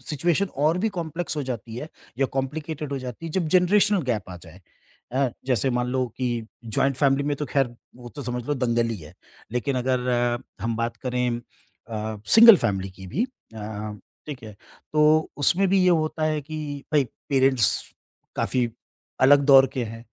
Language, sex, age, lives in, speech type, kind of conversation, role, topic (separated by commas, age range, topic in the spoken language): Hindi, male, 50-54, India, conversation, podcast, host, Family mein boundaries set karna tumhare liye kaisa experience raha?